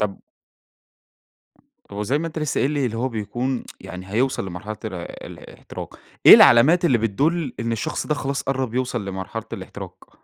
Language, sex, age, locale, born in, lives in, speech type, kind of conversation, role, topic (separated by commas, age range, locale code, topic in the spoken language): Arabic, male, 45-49, ar-EG, Egypt, Egypt, conversation, podcast, host, إزاي الرياضة والنوم الكويس بيساعدوك تتفادى الإنهاك في الشغل؟